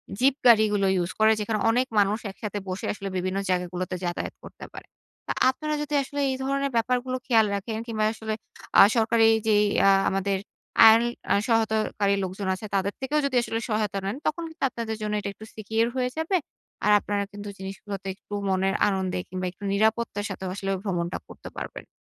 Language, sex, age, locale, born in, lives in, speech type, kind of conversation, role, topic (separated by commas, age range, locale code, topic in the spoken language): Bengali, female, 25-29, bn-BD, Bangladesh, Bangladesh, conversation, advice, advisor, অচেনা স্থানে আমি কীভাবে নিরাপদ এবং আরামবোধ করতে পারি?